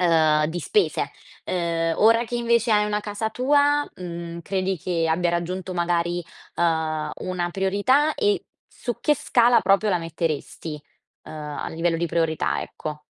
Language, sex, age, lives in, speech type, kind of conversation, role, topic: Italian, female, 20-24, Italy, conversation, podcast, host, Cosa rende davvero accogliente una casa per te?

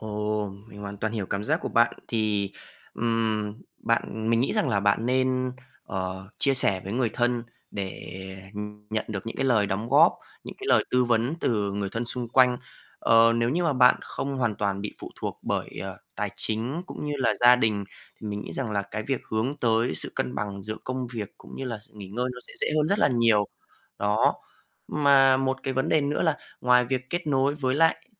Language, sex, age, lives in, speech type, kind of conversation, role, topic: Vietnamese, male, 20-24, Vietnam, conversation, advice, advisor, Tôi nên nghỉ việc hay cố gắng tiếp tục khi đang kiệt sức?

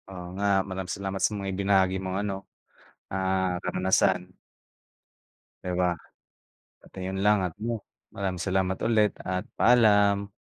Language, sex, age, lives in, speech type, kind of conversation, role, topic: Filipino, male, 25-29, Philippines, conversation, unstructured, none, Paano mo ipinapakita ang pagmamahal mo araw-araw?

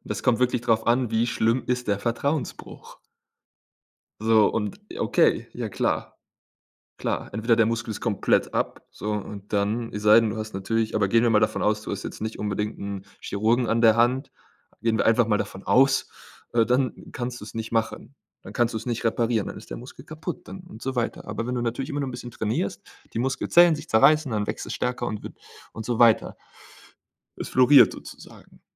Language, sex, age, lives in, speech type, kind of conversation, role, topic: German, male, 25-29, Germany, conversation, podcast, host, Wie erkennst du, ob Vertrauen echt oder gespielt ist?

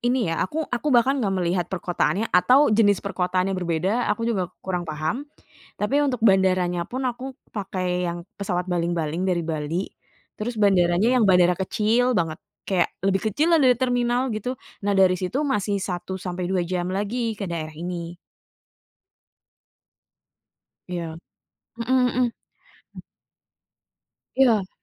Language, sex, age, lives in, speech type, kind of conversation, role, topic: Indonesian, female, 30-34, Indonesia, conversation, podcast, guest, Apa pengalaman paling menyentuh yang pernah kamu alami saat bertemu orang baru ketika sedang bepergian?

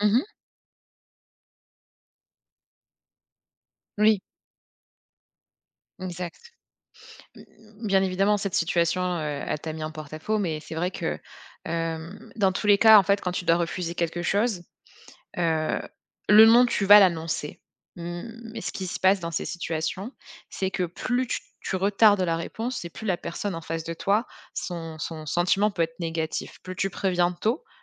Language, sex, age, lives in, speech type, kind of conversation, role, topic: French, female, 30-34, France, conversation, advice, advisor, Comment puis-je apprendre à dire non et à fixer des limites personnelles ?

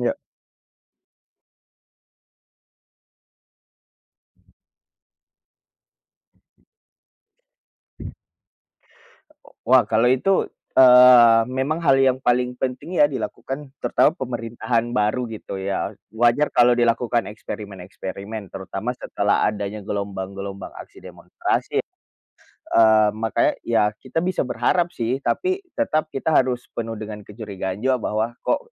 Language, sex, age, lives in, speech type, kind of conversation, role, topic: Indonesian, male, 25-29, Indonesia, conversation, unstructured, none, Apa pendapat kamu tentang kebijakan pemerintah yang kontroversial?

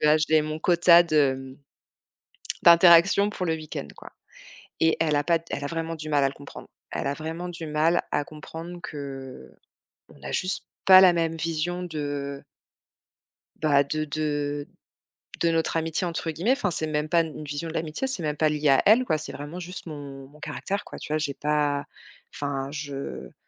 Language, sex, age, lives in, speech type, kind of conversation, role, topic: French, female, 35-39, France, conversation, advice, user, Comment puis-je poser des limites à mes proches sans culpabiliser ?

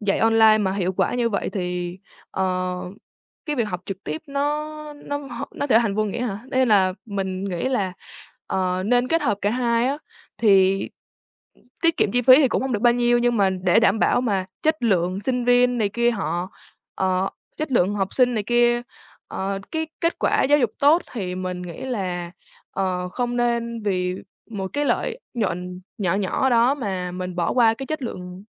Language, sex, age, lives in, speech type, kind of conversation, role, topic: Vietnamese, female, 18-19, Vietnam, conversation, podcast, guest, Bạn nghĩ thế nào về việc học trực tuyến so với học trực tiếp?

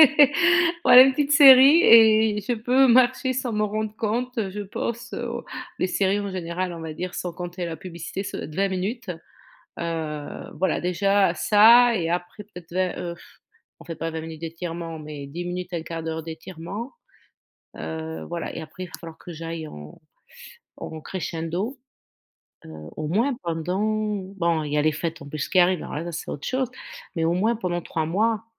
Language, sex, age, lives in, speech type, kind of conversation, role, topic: French, female, 50-54, France, conversation, advice, user, Pourquoi est-ce que je ne progresse plus malgré un entraînement régulier ?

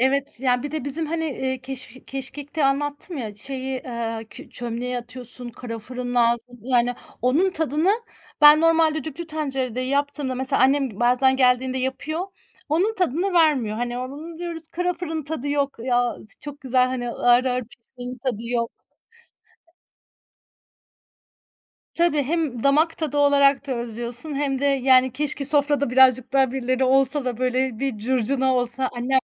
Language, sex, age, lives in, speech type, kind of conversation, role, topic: Turkish, female, 35-39, Germany, conversation, podcast, guest, Aile yemekleri sana hangi anıları çağrıştırıyor?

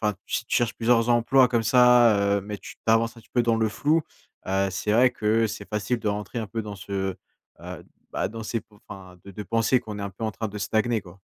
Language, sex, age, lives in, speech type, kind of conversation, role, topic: French, male, 20-24, France, conversation, advice, advisor, Comment savoir si ma carrière est en train de stagner ?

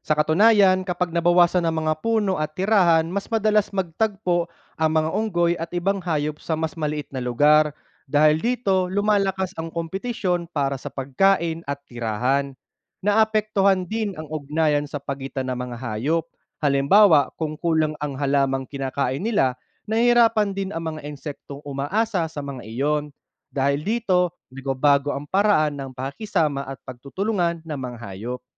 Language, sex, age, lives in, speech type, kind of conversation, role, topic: Filipino, male, 30-34, Philippines, read, expert-assistant, expert, Ano ang mga epekto ng pagputol ng kagubatan sa mga hayop?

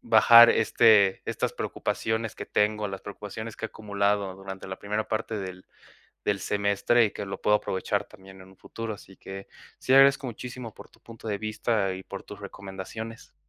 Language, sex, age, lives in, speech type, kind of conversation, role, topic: Spanish, male, 20-24, Mexico, conversation, advice, user, ¿Cómo puedo mantener mis hábitos durante períodos de estrés?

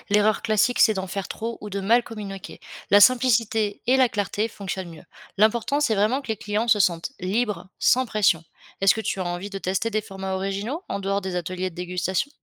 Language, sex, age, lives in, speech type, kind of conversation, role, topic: French, female, 25-29, France, read, expert-assistant, expert, Comment se former au marketing en utilisant ce qu’on observe dans la vie quotidienne ?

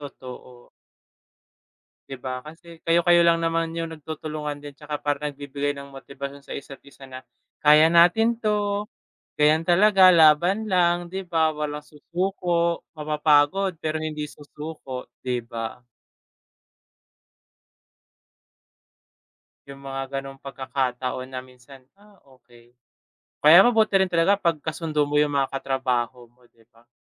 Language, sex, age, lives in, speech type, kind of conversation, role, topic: Filipino, male, 20-24, Philippines, conversation, unstructured, none, Ano ang ginagawa mo para maging masaya sa trabaho?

